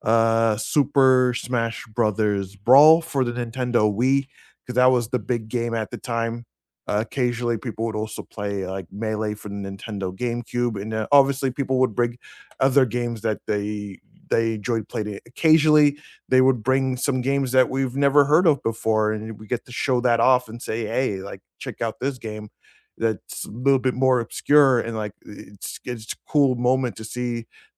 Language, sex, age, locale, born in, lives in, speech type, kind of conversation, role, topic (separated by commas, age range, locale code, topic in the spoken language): English, male, 35-39, en-US, United States, United States, conversation, unstructured, none, How do hobbies help you connect with other people?